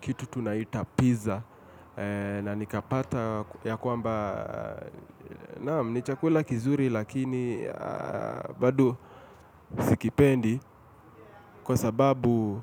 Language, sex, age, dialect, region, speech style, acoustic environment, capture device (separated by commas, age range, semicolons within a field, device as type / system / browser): Swahili, male, 25-34, Kenya - Nairobi Sheng-influenced, Kenya, relaxed, silence, mobile / Linux / Chrome